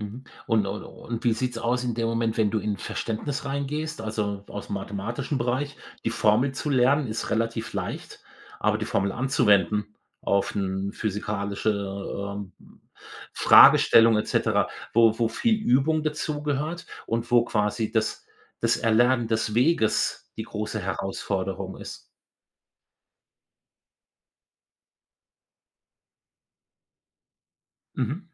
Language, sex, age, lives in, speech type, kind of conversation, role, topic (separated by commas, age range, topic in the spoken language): German, male, 55-59, Germany, conversation, podcast, host, Welche Lerntechnik hat dir am meisten gebracht?